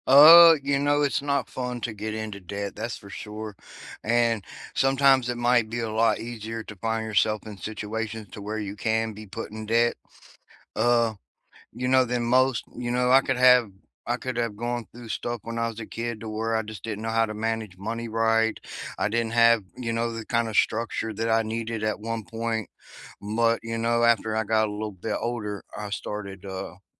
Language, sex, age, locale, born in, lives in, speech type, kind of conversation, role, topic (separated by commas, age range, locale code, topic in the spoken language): English, male, 40-44, en-US, United States, United States, conversation, unstructured, none, What has your experience been like trying to pay off debt?